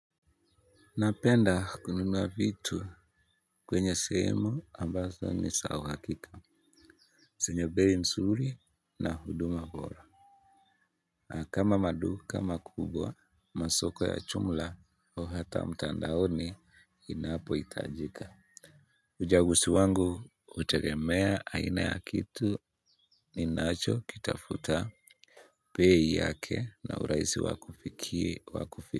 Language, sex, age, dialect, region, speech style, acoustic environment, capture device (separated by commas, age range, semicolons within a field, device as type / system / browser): Swahili, male, 25-34, Kenya - Nairobi Sheng-influenced, Kenya, happy; excited; focused; relaxed, people talking; appliances; nature, mobile / Linux / Chrome